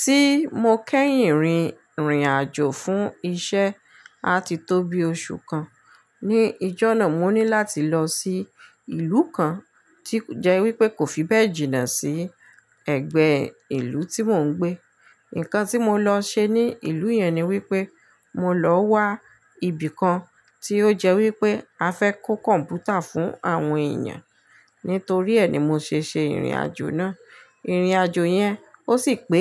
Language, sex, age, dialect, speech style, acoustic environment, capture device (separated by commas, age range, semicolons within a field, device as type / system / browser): Yoruba, female, 35-44, Nigeria - Ibadan, relaxed, silence, mobile / Linux / Chrome